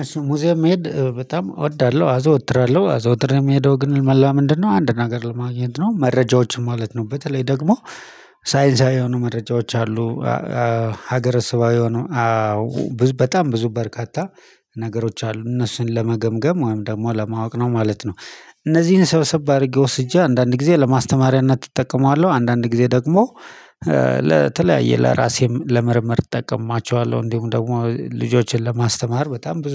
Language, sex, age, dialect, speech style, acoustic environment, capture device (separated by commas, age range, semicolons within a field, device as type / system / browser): Amharic, male, 35-44, Ethiopia - Addis Ababa, happy, silence, mobile / Linux / Chrome